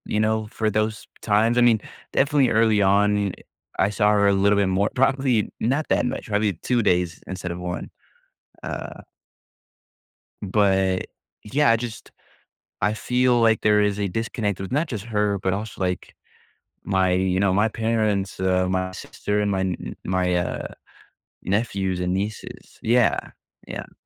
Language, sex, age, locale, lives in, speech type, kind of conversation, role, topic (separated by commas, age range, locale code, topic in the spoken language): English, male, 20-24, en-US, United States, conversation, advice, user, How can I improve my work-life balance?